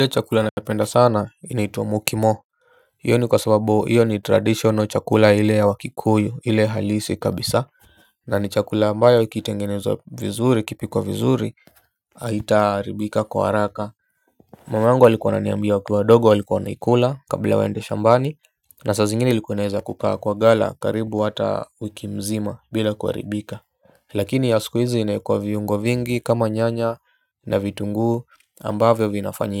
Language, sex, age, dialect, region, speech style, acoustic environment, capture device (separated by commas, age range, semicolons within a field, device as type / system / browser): Swahili, male, 25-34, Kenya - Nairobi Sheng-influenced, Kenya, relaxed; focused, silence; appliances, mobile / Linux / Chrome